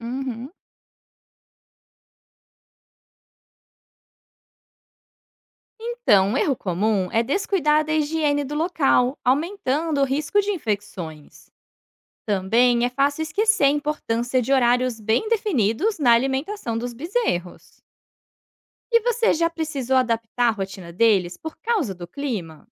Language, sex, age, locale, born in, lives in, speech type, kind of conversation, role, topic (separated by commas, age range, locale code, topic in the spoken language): Portuguese, female, 30-34, pt-BR, Brazil, Portugal, read, expert-assistant, expert, O que preciso saber para melhorar o manejo do rebanho e garantir animais mais saudáveis?